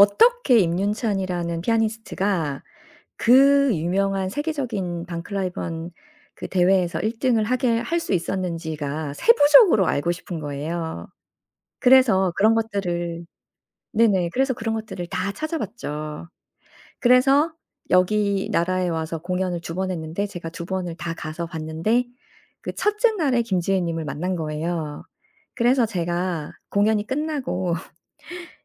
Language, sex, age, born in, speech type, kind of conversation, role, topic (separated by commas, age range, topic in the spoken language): Korean, female, 45-49, South Korea, conversation, podcast, guest, 취미 활동을 하면서 가장 기억에 남는 경험이 있으신가요?